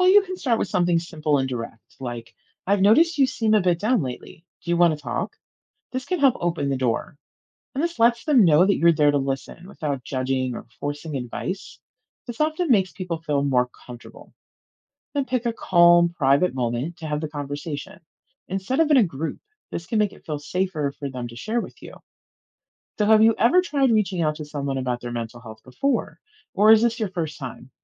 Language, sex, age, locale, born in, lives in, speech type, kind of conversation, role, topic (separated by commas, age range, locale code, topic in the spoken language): English, female, 40-44, en-US, United States, United States, read, expert-assistant, expert, Why is mental health care important?